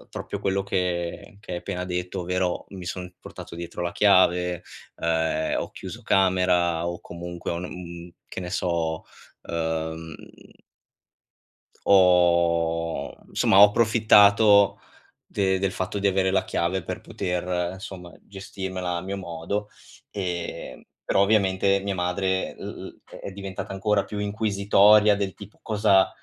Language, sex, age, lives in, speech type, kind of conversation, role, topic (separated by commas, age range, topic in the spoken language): Italian, male, 25-29, Italy, conversation, advice, user, Come posso stabilire e mantenere limiti sani con familiari che hanno valori diversi?